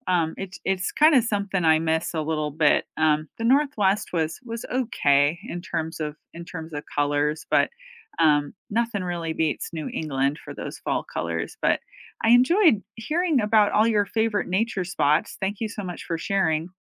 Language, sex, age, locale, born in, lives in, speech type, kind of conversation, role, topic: English, female, 45-49, en-US, United States, United States, conversation, unstructured, none, Which nature spots leave you feeling recharged, and what helps you reset when you’re there?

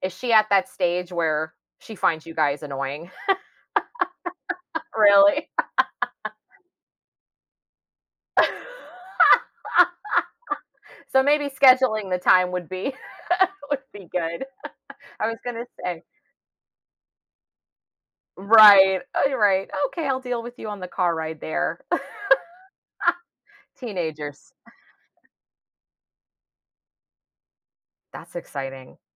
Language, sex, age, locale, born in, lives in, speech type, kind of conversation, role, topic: English, female, 35-39, en-US, United States, United States, conversation, advice, advisor, How can I balance family time and work during busy periods so I don't feel guilty?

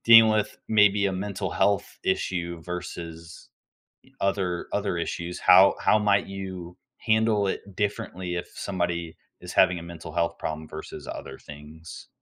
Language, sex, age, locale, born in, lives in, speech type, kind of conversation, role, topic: English, male, 18-19, en-US, United States, United States, conversation, podcast, host, How can we be there for friends who are struggling without overstepping or neglecting our own well-being?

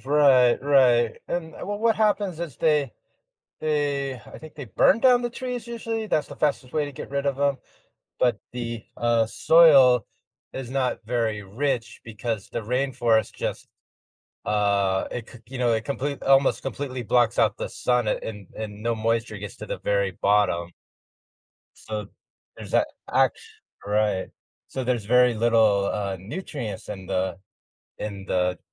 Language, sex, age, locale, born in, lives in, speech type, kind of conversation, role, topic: English, male, 50-54, en-US, United States, United States, conversation, podcast, host, How does deforestation impact the health of our planet and its ecosystems?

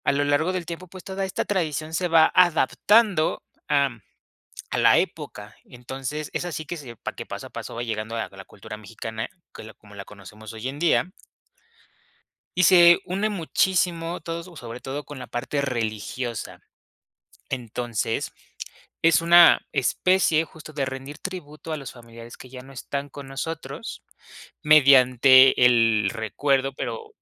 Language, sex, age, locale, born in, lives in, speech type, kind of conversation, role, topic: Spanish, male, 25-29, es-MX, Mexico, Mexico, conversation, podcast, guest, ¿Qué tradiciones familiares recuerdas con cariño?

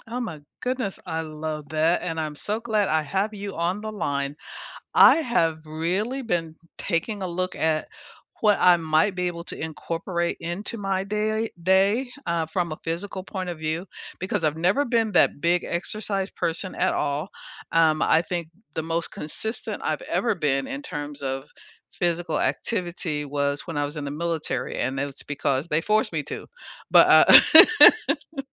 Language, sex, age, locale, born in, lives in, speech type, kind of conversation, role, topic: English, female, 65-69, en-US, United States, United States, conversation, unstructured, none, What does a balanced morning routine look like for you, and what makes it meaningful?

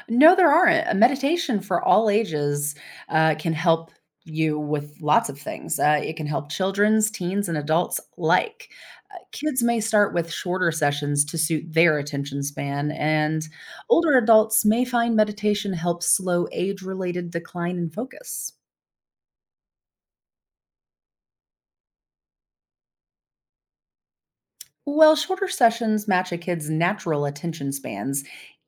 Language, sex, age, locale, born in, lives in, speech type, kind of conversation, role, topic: English, female, 35-39, en-US, United States, United States, read, expert-assistant, expert, How can meditation improve focus and concentration?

